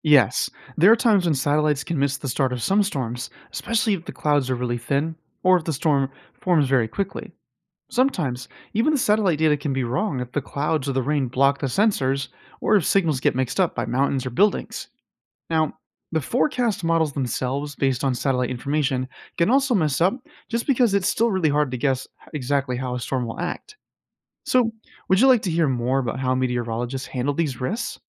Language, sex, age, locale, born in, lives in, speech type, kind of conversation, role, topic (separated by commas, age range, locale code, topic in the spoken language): English, male, 25-29, en-US, United States, United States, read, expert-assistant, expert, How do satellites help predict the weather?